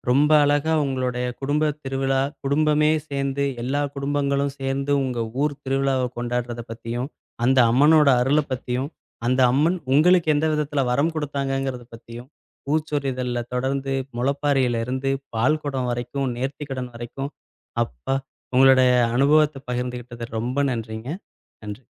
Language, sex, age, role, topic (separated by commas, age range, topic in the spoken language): Tamil, male, 35-39, host, உங்கள் குடும்பப் பெருவிழா எப்படி நடைபெறுகிறது என்று சொல்லுவீர்களா?